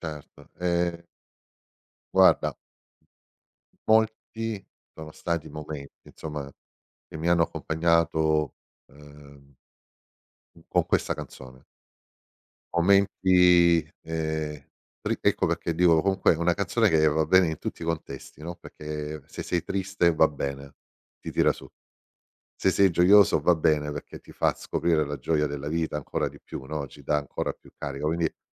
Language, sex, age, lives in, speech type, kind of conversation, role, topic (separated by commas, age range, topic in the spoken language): Italian, male, 50-54, Italy, conversation, podcast, guest, Raccontami una canzone che ti fa venire i brividi: perché, secondo te, ti colpisce così tanto?